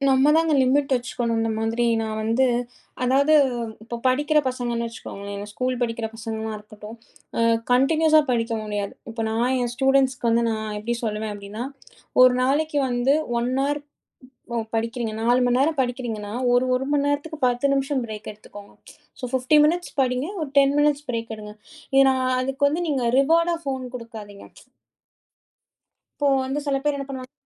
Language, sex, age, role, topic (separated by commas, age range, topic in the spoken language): Tamil, female, 30-34, guest, பழைய பொழுதுபோக்குகளை மீண்டும் தொடங்குவது உடல்நலத்துக்கும் மனநலத்துக்கும் எப்படி உதவுகிறது?